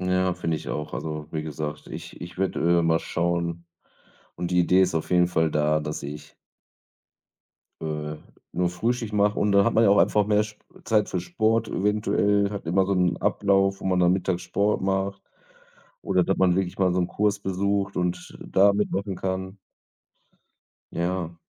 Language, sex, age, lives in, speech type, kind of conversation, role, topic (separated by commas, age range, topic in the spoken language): German, male, 35-39, Germany, conversation, unstructured, none, Welche Sportart macht dir am meisten Freude?